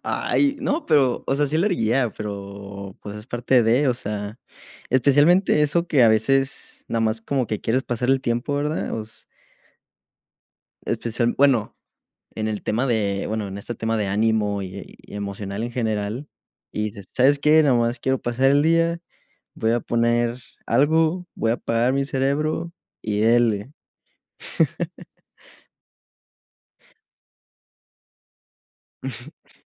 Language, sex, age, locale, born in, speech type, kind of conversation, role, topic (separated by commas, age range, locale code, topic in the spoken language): Spanish, male, 20-24, es-MX, Mexico, conversation, unstructured, none, ¿Qué película recomendarías para levantar el ánimo?